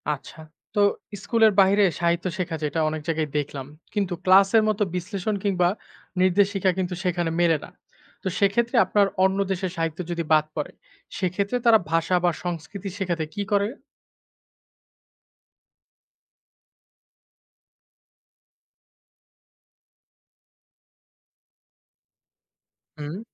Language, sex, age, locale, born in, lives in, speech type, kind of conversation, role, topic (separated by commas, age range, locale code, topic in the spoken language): Bengali, male, 25-29, bn-BD, Bangladesh, Bangladesh, read, expert-assistant, asker, কেন কিছু বিষয়বস্তু পাঠ্যক্রম থেকে বাদ পড়ে যায়, আর কিছু বিষয় অন্তর্ভুক্ত হয়?